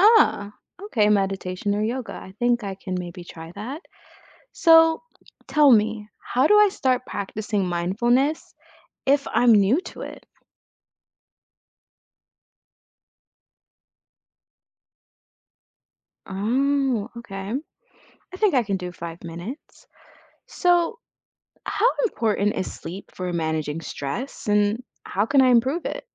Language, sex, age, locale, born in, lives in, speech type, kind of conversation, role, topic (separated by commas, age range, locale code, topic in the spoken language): English, female, 25-29, en-US, United States, United States, read, expert-assistant, asker, What are effective ways to reduce stress?